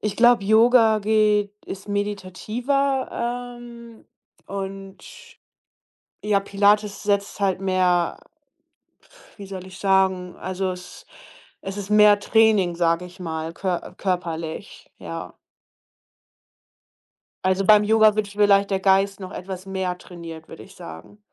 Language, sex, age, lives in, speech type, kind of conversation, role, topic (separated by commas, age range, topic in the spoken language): German, female, 30-34, Germany, conversation, podcast, guest, Was ist dein liebstes Hobby?